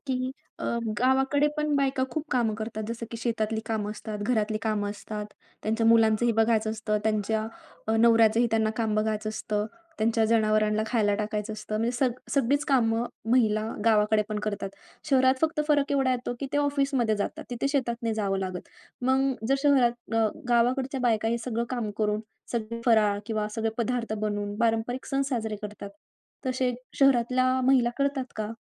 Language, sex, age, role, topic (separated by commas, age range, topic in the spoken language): Marathi, female, 20-24, host, शहरात राहूनही तुम्ही पारंपरिक रूढी-परंपरा कशा जपता?